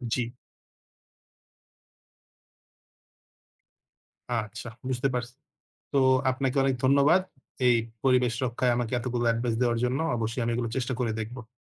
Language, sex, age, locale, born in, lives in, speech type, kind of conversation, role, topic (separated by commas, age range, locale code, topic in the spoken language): Bengali, male, 20-24, bn-BD, Bangladesh, Bangladesh, read, expert-assistant, asker, প্রাকৃতিক পরিবেশ রক্ষার জন্য আমরা দৈনন্দিন জীবনে কীভাবে ছোট ছোট দক্ষতা গড়ে তুলতে পারি?